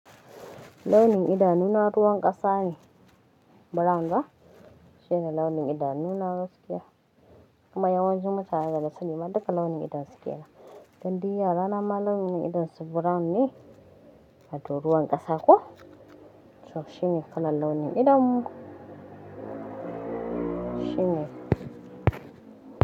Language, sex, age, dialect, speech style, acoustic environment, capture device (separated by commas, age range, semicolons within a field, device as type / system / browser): Hausa, female, 25-34, Nigeria - Kano, relaxed; tired, nature, mobile / Linux / Chrome